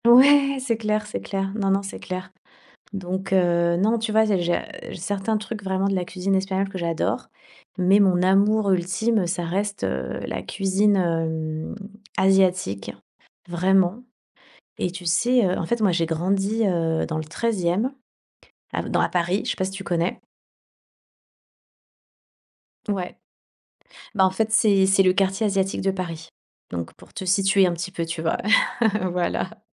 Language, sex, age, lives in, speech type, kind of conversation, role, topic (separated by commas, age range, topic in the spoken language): French, female, 40-44, Spain, conversation, podcast, guest, Que te raconte une odeur de cuisine familière ?